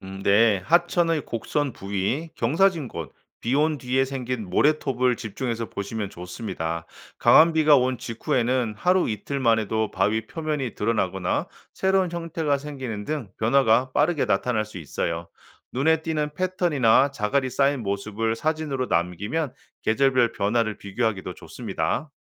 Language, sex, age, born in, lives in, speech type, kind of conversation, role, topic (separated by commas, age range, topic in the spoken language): Korean, male, 45-49, South Korea, United States, read, expert-assistant, expert, 우리 동네에서 지질학에 대해 직접 체험할 수 있는 장소나 프로그램이 있을까요?